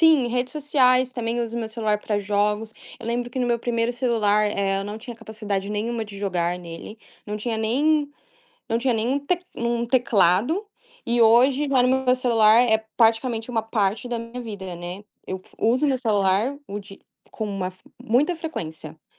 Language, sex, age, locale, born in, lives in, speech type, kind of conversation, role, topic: Portuguese, female, 20-24, pt-BR, Brazil, United States, conversation, podcast, guest, Como o celular entrou na sua rotina diária?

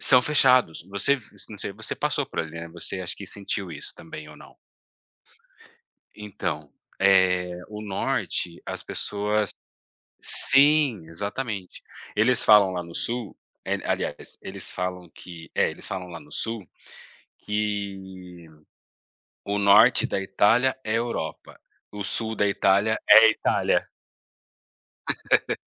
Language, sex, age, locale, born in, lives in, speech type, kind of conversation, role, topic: Portuguese, male, 35-39, pt-BR, Brazil, Italy, conversation, unstructured, none, Qual foi a aventura mais inesperada que você já viveu?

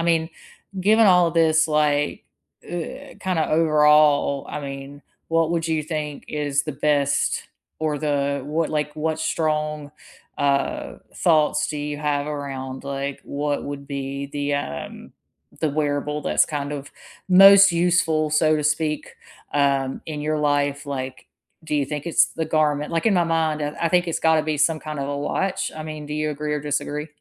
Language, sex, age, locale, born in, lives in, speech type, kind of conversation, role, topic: English, female, 40-44, en-US, United States, United States, conversation, unstructured, none, Which wearables do you actually wear most often for fitness, sleep, or notifications?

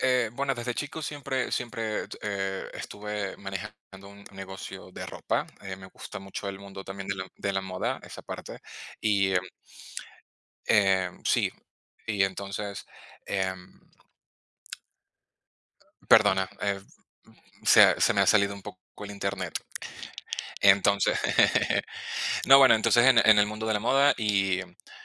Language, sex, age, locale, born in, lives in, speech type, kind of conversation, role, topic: Spanish, male, 30-34, es-VE, Venezuela, Spain, conversation, unstructured, none, ¿Cuáles son tus sueños para el futuro?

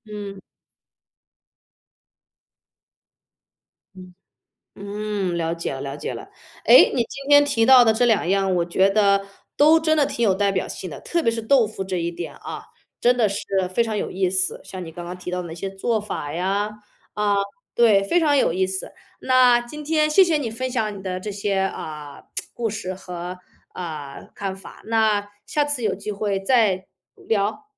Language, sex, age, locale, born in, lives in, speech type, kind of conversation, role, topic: Chinese, female, 35-39, zh-CN, China, United States, conversation, podcast, host, 你认为哪道菜最能代表你的文化？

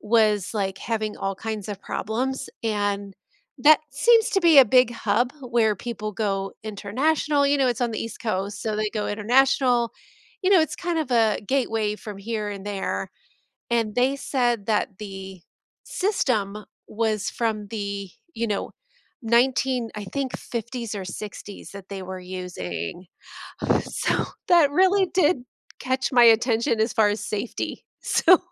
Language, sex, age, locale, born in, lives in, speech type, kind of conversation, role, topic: English, female, 45-49, en-US, United States, United States, conversation, unstructured, none, What kinds of news stories do you find most interesting or important?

